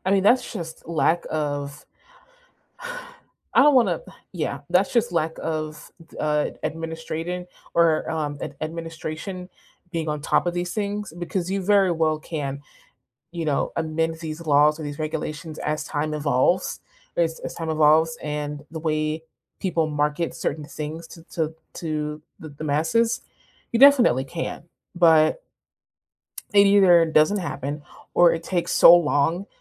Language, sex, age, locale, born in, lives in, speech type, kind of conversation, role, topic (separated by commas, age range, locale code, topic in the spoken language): English, female, 25-29, en-US, United States, United States, conversation, unstructured, none, What happens when science is used to control or manipulate people?